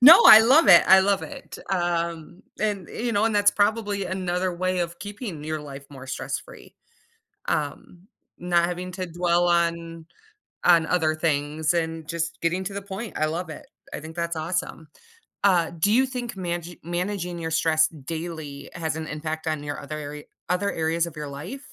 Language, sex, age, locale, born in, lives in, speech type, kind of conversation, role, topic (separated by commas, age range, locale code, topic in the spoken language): English, female, 35-39, en-US, United States, United States, conversation, podcast, host, How do daily habits and self-awareness help you handle stress in everyday life?